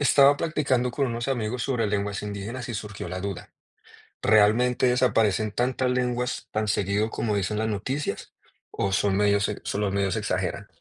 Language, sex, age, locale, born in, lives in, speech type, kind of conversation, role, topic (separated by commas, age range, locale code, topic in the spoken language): Spanish, male, 20-24, es-CO, Colombia, United States, read, expert-assistant, asker, ¿Qué tan confiables son las noticias que dicen que una lengua está a punto de desaparecer?